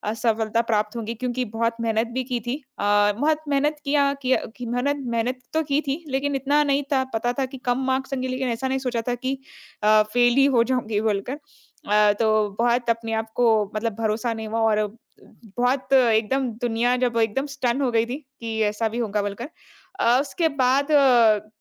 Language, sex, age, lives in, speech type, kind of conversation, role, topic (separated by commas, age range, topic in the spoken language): Hindi, female, 25-29, India, conversation, podcast, guest, असफलता के बाद आप स्वयं को कैसे प्रेरित करते हैं?